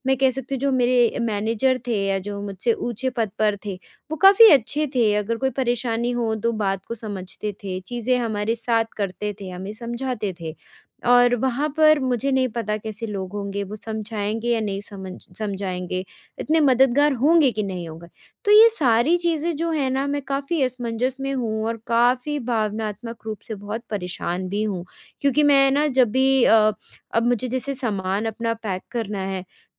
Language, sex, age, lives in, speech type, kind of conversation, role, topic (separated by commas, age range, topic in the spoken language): Hindi, female, 20-24, India, conversation, advice, user, बदलाव के दौरान मैं भावनात्मक रूप से स्थिर कैसे रहूँ?